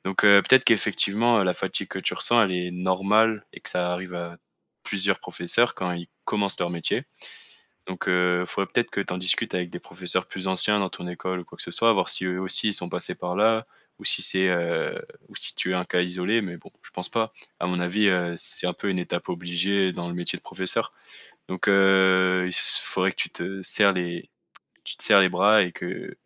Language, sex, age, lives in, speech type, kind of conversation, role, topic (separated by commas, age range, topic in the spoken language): French, male, 20-24, France, conversation, advice, advisor, Comment identifier les signes physiques et émotionnels de l’épuisement, et savoir s’il s’agit d’une fatigue normale ou d’un burnout ?